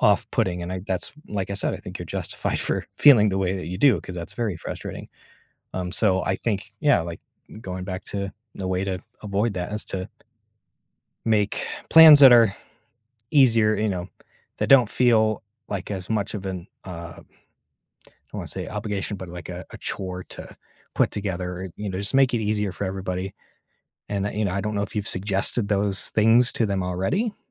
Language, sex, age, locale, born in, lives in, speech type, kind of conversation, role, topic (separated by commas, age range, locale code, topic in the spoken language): English, male, 30-34, en-US, United States, United States, conversation, advice, advisor, How should I respond to broken promises?